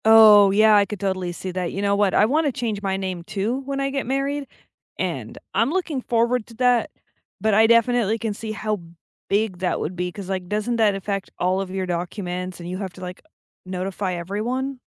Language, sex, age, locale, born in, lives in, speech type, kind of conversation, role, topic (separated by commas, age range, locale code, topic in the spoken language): English, female, 25-29, en-US, United States, United States, conversation, unstructured, none, What scares you about making major changes in your family relationships?